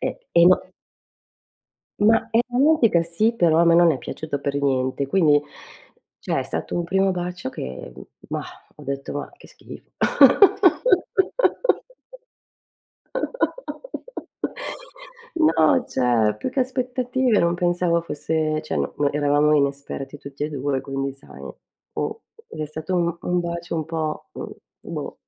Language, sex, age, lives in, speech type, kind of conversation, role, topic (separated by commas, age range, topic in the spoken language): Italian, female, 50-54, Italy, conversation, unstructured, none, Come hai incontrato la tua prima cotta?